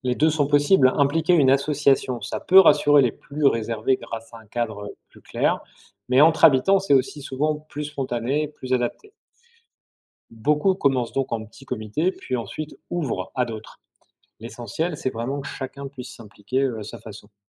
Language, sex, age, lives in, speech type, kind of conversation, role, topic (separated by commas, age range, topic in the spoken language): French, male, 45-49, France, read, expert-assistant, expert, Comment mixer des fêtes religieuses pour créer une nouvelle célébration commune ?